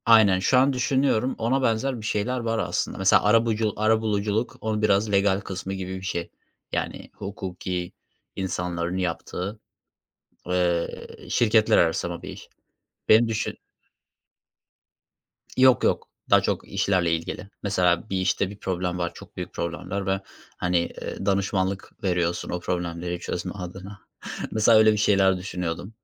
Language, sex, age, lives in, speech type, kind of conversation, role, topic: Turkish, male, 25-29, Germany, conversation, unstructured, none, Hayalini kurduğun işi yapmak seni nasıl mutlu eder?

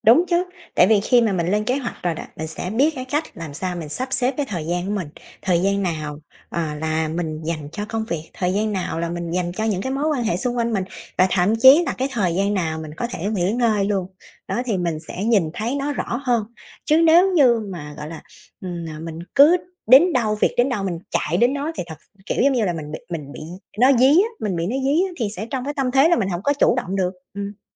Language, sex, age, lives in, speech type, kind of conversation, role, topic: Vietnamese, female, 30-34, Vietnam, conversation, podcast, guest, Bạn thấy lên kế hoạch theo tuần hay theo ngày hữu ích hơn?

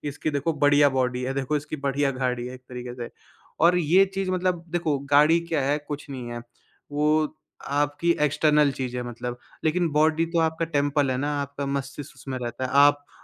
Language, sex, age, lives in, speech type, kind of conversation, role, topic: Hindi, male, 20-24, India, conversation, podcast, guest, Subah uthte hi aap kaunsi aasan man-aur-sharir ki kasrat karte hain?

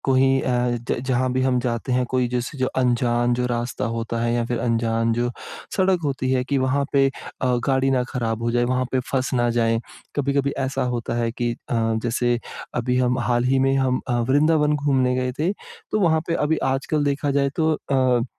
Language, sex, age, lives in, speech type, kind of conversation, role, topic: Hindi, male, 25-29, India, conversation, advice, user, यात्रा के दौरान अनपेक्षित समस्याओं से होने वाला तनाव कैसे कम किया जा सकता है?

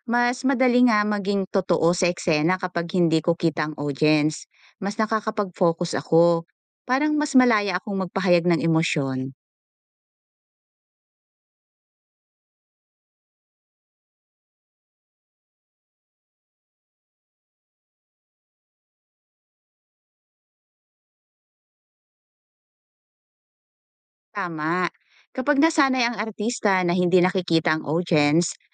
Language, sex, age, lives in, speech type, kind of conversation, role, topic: Filipino, female, 40-44, Philippines, read, expert-assistant, asker, Paano mo ipapaliwanag ang konsepto ng 'stage presence' at bakit ito mahalaga sa mga artistang nagtanghal?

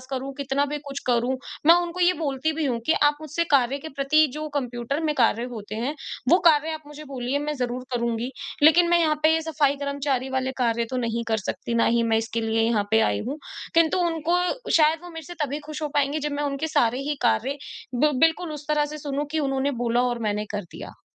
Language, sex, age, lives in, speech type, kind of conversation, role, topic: Hindi, female, 25-29, India, conversation, advice, user, मैं नकारात्मक टिप्पणियाँ मिलने पर उन्हें व्यक्तिगत रूप से लेना कैसे बंद करूँ?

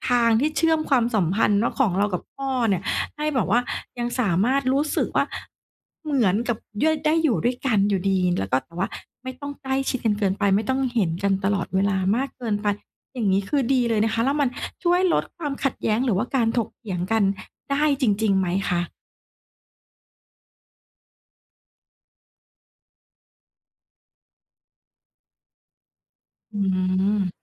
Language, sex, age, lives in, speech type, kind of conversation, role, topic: Thai, female, 40-44, Thailand, conversation, podcast, host, มีวิธีง่ายๆ ในการสื่อความรักกับพ่อแม่ผู้สูงอายุไหม?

